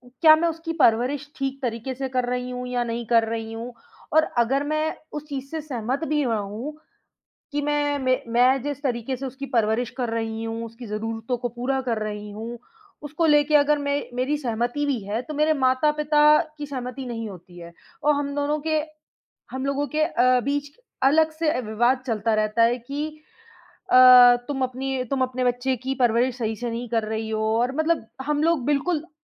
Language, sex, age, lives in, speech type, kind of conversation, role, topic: Hindi, female, 25-29, India, conversation, advice, user, बच्चों की परवरिश के तरीकों को लेकर माता-पिता से होने वाले मतभेद कैसे सुलझाएँ?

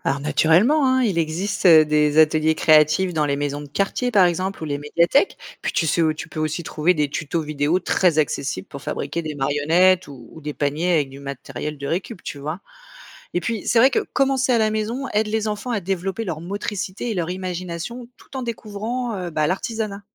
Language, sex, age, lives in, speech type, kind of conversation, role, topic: French, female, 35-39, France, read, expert-assistant, expert, Comment peut-on apprendre à fabriquer un objet traditionnel comme une marionnette ou un panier en osier ?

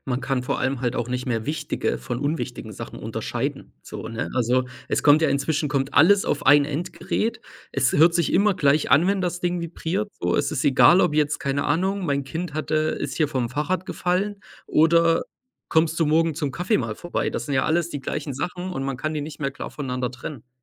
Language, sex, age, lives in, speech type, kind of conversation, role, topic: German, male, 25-29, Germany, conversation, unstructured, none, Wie beeinflussen soziale Medien unser Miteinander?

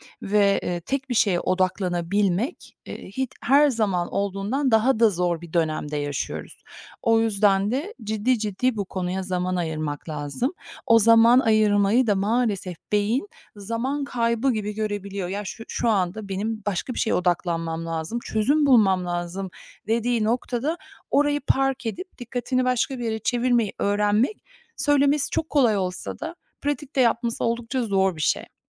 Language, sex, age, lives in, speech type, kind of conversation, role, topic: Turkish, female, 45-49, United States, conversation, podcast, guest, Yaratıcı tıkanıklık yaşadığında bunu nasıl aşıyorsun?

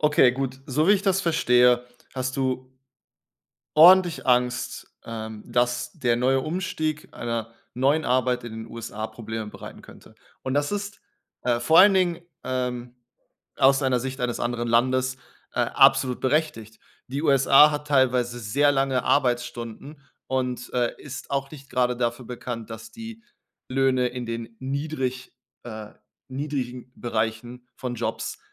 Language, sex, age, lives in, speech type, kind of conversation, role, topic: German, male, 30-34, Germany, conversation, advice, advisor, Wie erlebst du den Wechsel in eine neue Stelle, in der noch vieles unklar ist und neue Erwartungen an dich gestellt werden?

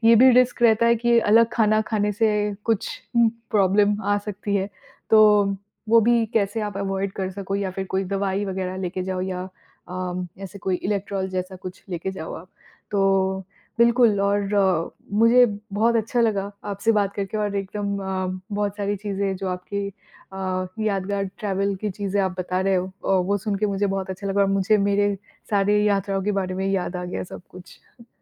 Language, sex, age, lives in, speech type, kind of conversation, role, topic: Hindi, female, 30-34, United States, conversation, unstructured, none, आपको यात्रा के दौरान सबसे ज्यादा कौन-सी समस्या होती है?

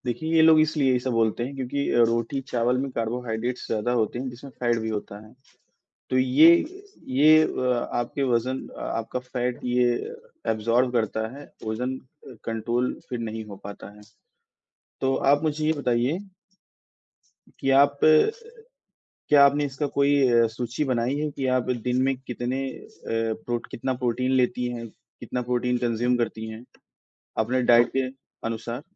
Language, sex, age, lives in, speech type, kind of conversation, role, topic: Hindi, male, 20-24, India, conversation, advice, advisor, मैं वास्तविक और मापनीय लक्ष्य कैसे तय करूँ?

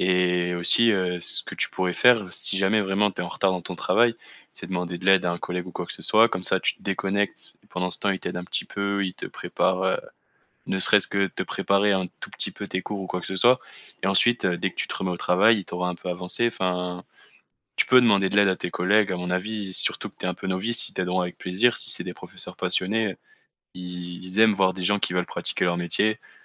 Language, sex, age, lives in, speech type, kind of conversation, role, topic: French, male, 20-24, France, conversation, advice, advisor, Comment identifier les signes physiques et émotionnels de l’épuisement, et savoir s’il s’agit d’une fatigue normale ou d’un burnout ?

